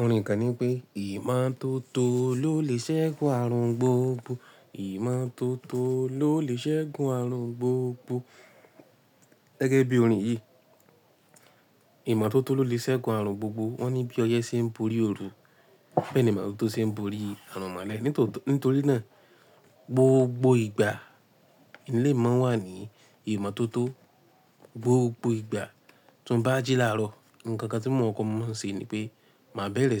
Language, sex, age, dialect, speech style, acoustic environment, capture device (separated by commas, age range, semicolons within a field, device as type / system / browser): Yoruba, male, 18-24, Nigeria - Ibadan, happy, silence, mobile / Linux / Chrome